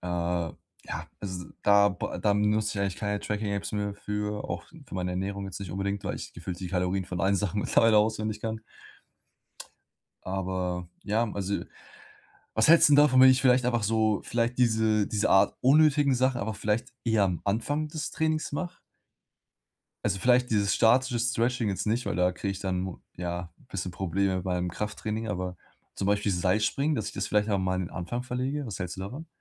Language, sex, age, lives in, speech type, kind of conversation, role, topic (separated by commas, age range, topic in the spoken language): German, male, 20-24, Germany, conversation, advice, user, Wie baue ich realistische Fitnessgewohnheiten auf und bleibe dran?